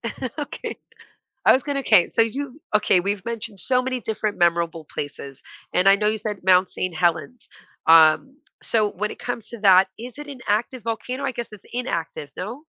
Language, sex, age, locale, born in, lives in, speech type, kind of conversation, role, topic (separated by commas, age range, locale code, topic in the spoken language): English, female, 40-44, en-US, United States, United States, conversation, podcast, host, What draws people to explore new places when they travel?